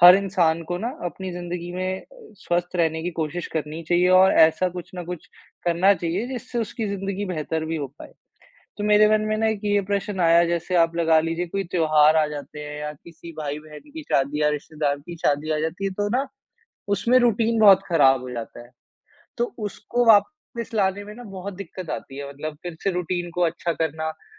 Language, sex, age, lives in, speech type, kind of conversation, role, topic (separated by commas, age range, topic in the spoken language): Hindi, male, 55-59, India, conversation, podcast, host, आप स्वस्थ आदतों की दिनचर्या को लंबे समय तक टिकाऊ कैसे बनाए रखते हैं?